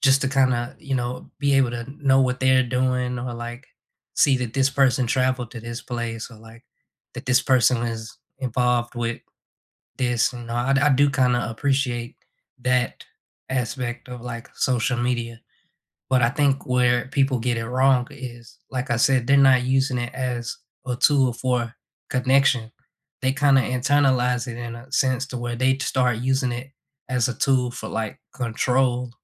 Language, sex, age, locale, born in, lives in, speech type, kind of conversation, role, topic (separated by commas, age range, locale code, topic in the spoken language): English, male, 25-29, en-US, United States, United States, conversation, unstructured, none, How does technology help or hurt trust and closeness in modern relationships?